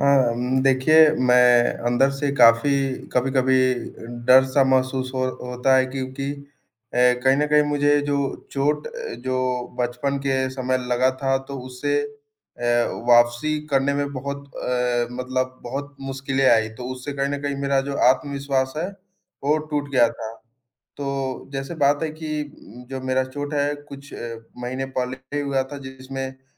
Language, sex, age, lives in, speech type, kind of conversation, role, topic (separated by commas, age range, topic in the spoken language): Hindi, male, 20-24, India, conversation, advice, user, चोट के बाद अपनी गतिविधियों में वापस लौटने से आपको किस बात का डर लग रहा है?